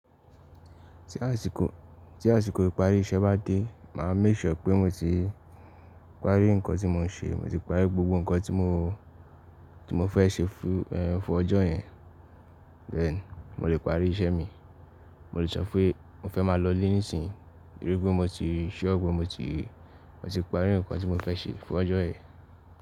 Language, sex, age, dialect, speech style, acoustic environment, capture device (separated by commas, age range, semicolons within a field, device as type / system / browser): Yoruba, male, 18-24, Nigeria - Ibadan, relaxed, nature, mobile / Linux / Chrome